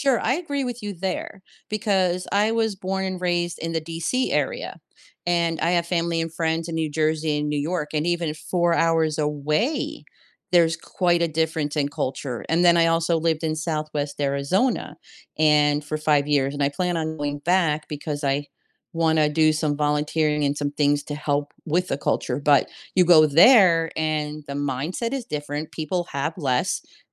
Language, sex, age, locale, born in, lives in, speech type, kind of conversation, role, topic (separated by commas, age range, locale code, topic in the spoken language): English, female, 55-59, en-US, United States, United States, conversation, podcast, host, In what ways can exploring different cultures shape our understanding of the world?